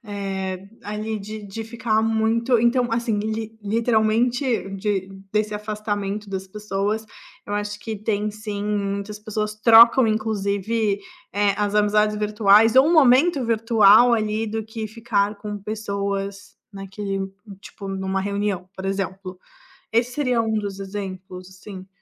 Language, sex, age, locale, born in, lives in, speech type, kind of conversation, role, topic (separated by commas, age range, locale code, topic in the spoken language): Portuguese, female, 25-29, pt-BR, Brazil, Italy, conversation, podcast, guest, Como a tecnologia aproxima ou afasta as pessoas, na sua visão?